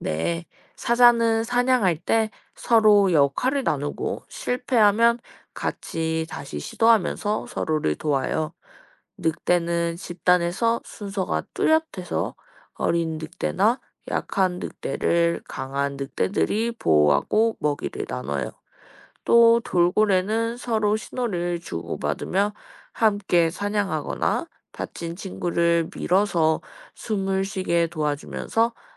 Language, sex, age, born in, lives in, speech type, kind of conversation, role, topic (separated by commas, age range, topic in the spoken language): Korean, female, 20-24, South Korea, Japan, read, expert-assistant, expert, 왜 펭귄은 날지 못할까요?